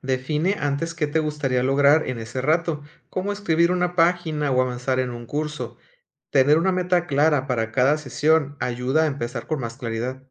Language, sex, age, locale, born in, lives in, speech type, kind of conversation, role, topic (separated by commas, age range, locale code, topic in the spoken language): Spanish, male, 40-44, es-MX, Mexico, Mexico, read, expert-assistant, expert, ¿Por qué nunca termino lo que me propongo y cómo puedo saber qué me está frenando?